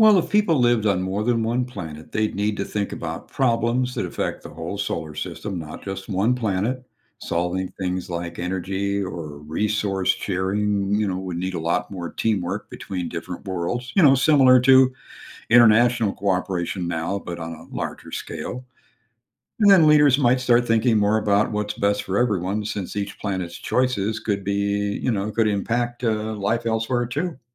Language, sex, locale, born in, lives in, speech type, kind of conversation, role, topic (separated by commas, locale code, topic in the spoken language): English, male, en-US, United States, United States, read, expert-assistant, expert, What if humans could live on other planets as easily as on Earth?